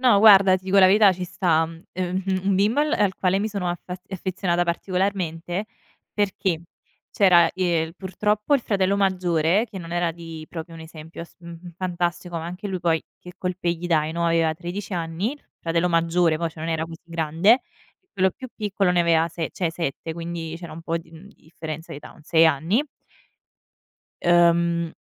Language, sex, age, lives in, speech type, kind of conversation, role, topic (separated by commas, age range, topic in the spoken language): Italian, female, 25-29, Italy, conversation, podcast, guest, Puoi raccontarmi di un progetto che ti ha insegnato molto?